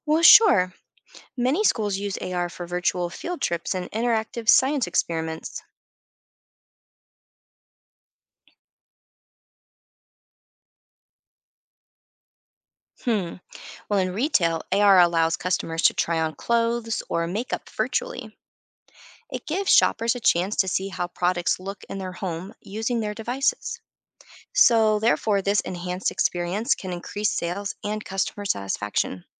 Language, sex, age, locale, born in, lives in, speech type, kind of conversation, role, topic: English, female, 40-44, en-US, United States, United States, read, expert-assistant, expert, How does augmented reality change technology interaction?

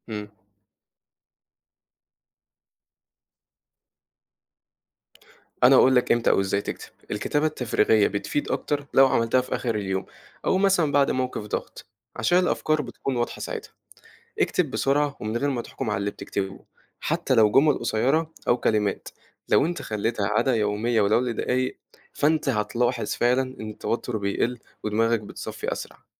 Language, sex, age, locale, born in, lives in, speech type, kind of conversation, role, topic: Arabic, male, 18-19, ar-EG, Egypt, Egypt, read, expert-assistant, expert, ليه ساعات بحس إني مش قادر أتحكم في أعصابي في الشغل، وده بيأثر على تعاملي مع زمايلي؟ إزاي أقدر أعرف السبب وأحسن من نفسي؟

